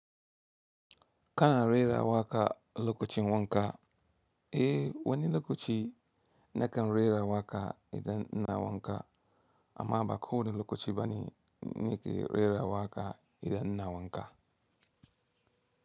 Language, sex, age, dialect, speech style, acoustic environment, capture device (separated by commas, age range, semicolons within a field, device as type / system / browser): Hausa, male, 35-44, Nigeria - Katsina, relaxed, nature, mobile / Linux / Chrome